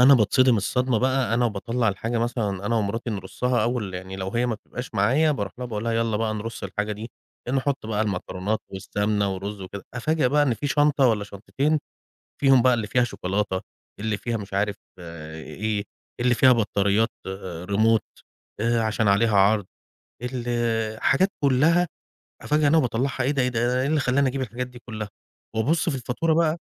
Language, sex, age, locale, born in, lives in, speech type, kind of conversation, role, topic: Arabic, male, 35-39, ar-EG, Egypt, Egypt, conversation, advice, user, إزاي بتوصف إنفاقك المتكرر على حاجات صغيرة ومش ضرورية؟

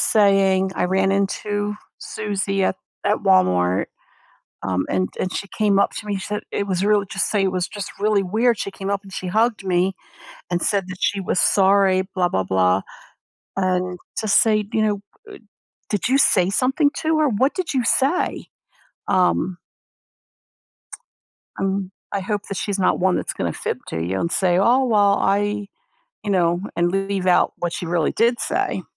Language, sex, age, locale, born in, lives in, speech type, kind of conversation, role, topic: English, female, 60-64, en-US, United States, United States, conversation, advice, advisor, How can I repair a friendship after a secret was shared?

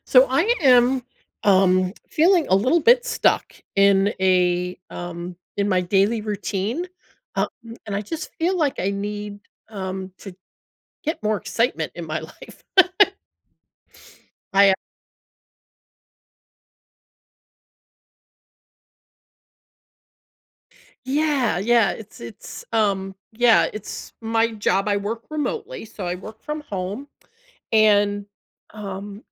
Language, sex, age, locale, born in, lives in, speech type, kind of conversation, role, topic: English, female, 60-64, en-US, United States, United States, conversation, advice, user, How can I add small, regular excitement to my daily routine?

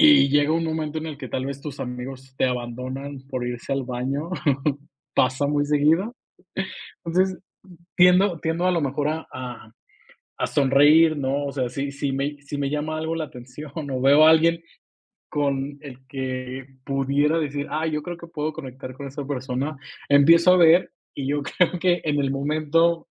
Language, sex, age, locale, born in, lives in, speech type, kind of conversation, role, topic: Spanish, male, 25-29, es-MX, Mexico, Mexico, conversation, podcast, guest, ¿Cómo sueles empezar una charla con gente nueva?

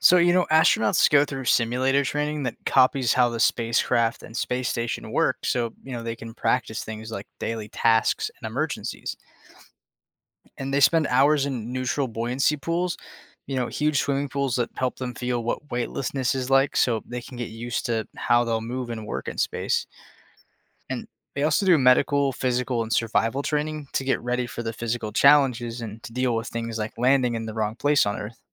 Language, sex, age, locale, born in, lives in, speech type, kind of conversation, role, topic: English, male, 20-24, en-US, United States, United States, read, expert-assistant, expert, How do astronauts train for life in space?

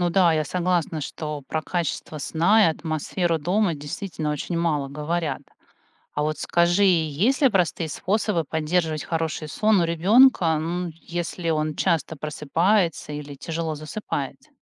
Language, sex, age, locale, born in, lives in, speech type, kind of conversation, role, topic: Russian, female, 45-49, ru-RU, Russia, United States, read, expert-assistant, asker, С чего начать, если хочется разбираться в вопросах общественного здравоохранения?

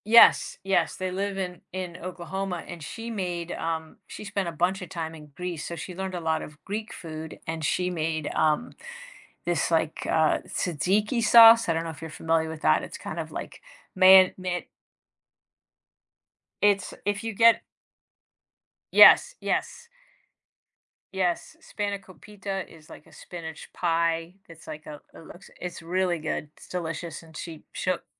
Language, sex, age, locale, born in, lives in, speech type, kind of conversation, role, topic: English, female, 55-59, en-US, United States, United States, conversation, podcast, host, Why are cultural traditions important to your identity and daily life?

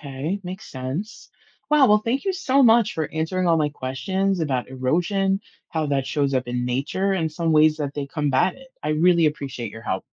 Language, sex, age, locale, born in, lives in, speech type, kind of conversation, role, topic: English, female, 40-44, en-US, United States, United States, read, expert-assistant, asker, How does erosion shape natural landforms?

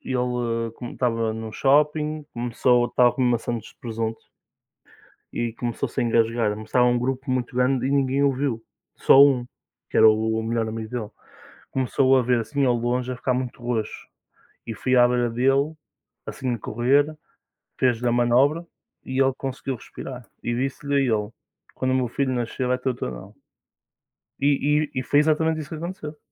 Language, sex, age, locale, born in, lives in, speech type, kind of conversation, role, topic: Portuguese, male, 20-24, pt-PT, Portugal, Portugal, conversation, unstructured, none, O que deixa você feliz em um encontro com amigos?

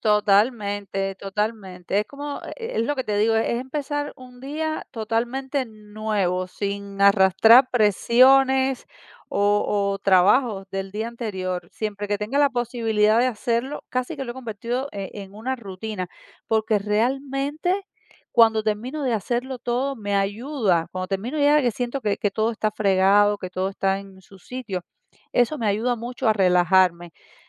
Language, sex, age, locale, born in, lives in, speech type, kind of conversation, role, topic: Spanish, female, 40-44, es-CU, Cuba, United States, conversation, podcast, guest, ¿Qué rutinas tienes para desconectar al final del día?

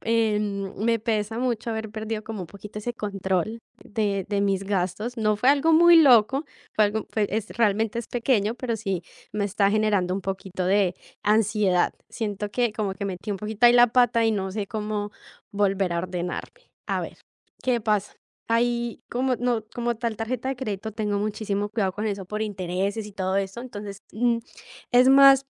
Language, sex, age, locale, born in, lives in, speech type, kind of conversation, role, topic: Spanish, female, 18-19, es-CO, Colombia, Italy, conversation, advice, user, ¿Cómo has gastado más de lo planeado con tarjetas y cómo manejas la culpa que eso te genera?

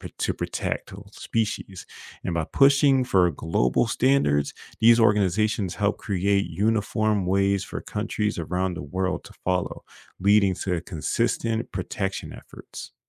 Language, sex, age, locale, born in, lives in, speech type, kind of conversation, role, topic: English, male, 25-29, en-US, United States, United States, read, expert-assistant, expert, How do different cultures view and treat animals?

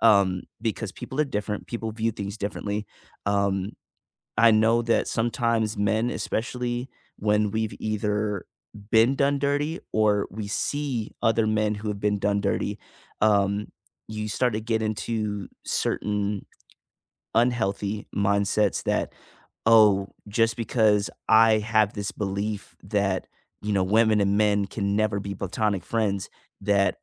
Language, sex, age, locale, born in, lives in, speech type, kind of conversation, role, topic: English, male, 25-29, en-US, United States, United States, conversation, unstructured, none, What role does compromise play in resolving disagreements?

